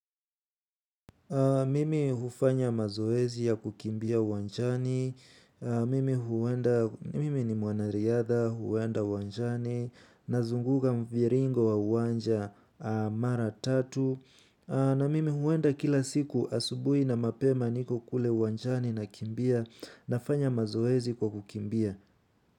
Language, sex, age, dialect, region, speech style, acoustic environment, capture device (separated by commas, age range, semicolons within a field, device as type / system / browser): Swahili, male, 18-24, Kenya - Nairobi Sheng-influenced, Kenya, focused, nature, mobile / Linux / Chrome